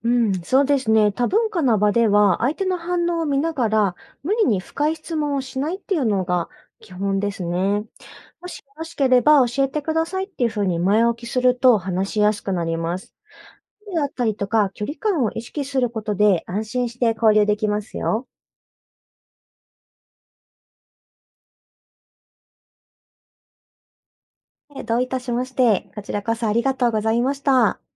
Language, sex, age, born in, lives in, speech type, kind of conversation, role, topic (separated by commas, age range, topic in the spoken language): Japanese, female, 30-34, Japan, Japan, read, expert-assistant, expert, いろいろな世界の宗教について、どうやって頭の中で整理していますか？